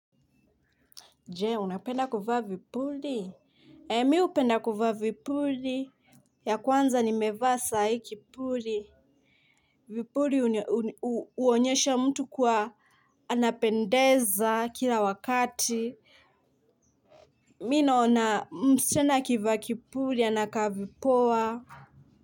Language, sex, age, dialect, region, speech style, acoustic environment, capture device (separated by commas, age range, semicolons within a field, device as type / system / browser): Swahili, female, 25-34, Kenya - Mombasa, Kenya, happy; anxious; focused, people talking; traffic, mobile / Linux / Chrome